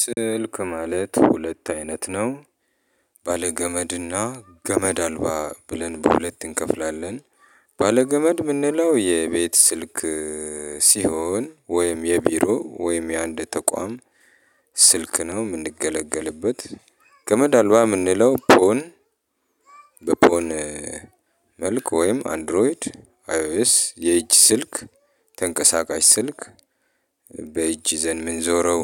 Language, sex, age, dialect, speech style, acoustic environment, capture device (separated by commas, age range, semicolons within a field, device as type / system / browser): Amharic, male, 35-44, Ethiopia - Addis Ababa, happy; relaxed; excited, people talking, mobile / Linux / Chrome